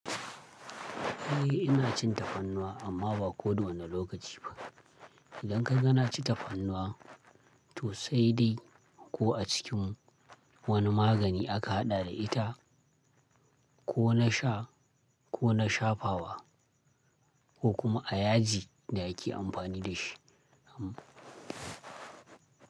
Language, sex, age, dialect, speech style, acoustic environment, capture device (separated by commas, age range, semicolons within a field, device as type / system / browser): Hausa, male, 25-34, Nigeria - Kano, happy, people talking, desktop / Linux / Chrome